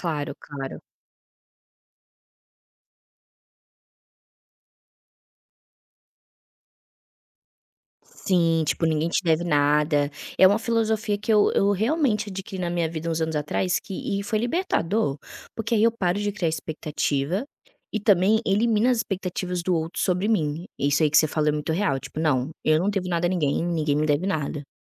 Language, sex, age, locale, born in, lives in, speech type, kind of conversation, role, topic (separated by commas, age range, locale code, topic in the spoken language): Portuguese, female, 25-29, pt-BR, Brazil, Spain, conversation, podcast, host, Como resolver uma briga entre amigos sem romper a amizade?